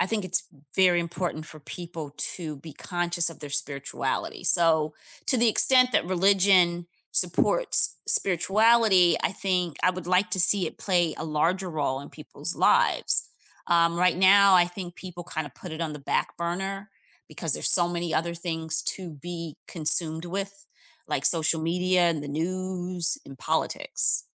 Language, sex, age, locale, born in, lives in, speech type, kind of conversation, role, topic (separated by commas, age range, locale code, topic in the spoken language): English, female, 50-54, en-US, United States, United States, conversation, unstructured, none, What role does religion play in people’s everyday lives today?